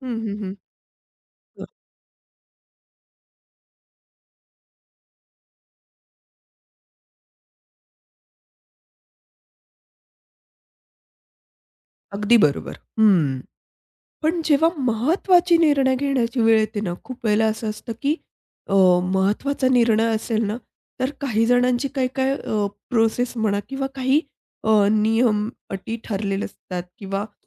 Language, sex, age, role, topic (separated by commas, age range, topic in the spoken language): Marathi, female, 30-34, host, तुम्ही निर्णय पटकन घेता की थोडा वेळ घेऊन शांतपणे विचार करून मग घेता?